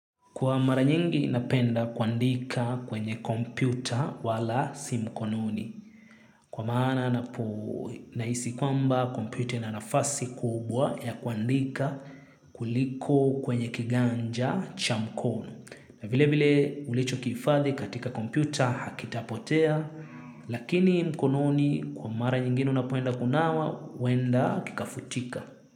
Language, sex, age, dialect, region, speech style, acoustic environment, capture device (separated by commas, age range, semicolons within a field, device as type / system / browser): Swahili, male, 25-34, Kenya - Nairobi Sheng-influenced, Kenya, happy; excited; focused; relaxed, silence, mobile / Linux / Chrome